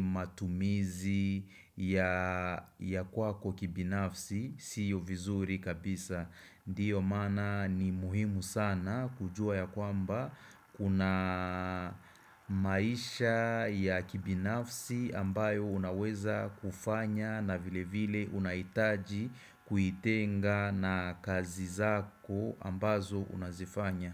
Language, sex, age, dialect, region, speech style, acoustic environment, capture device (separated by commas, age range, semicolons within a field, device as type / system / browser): Swahili, male, 25-34, Kenya - Nairobi Sheng-influenced, Kenya, focused, silence, desktop / Windows / Chrome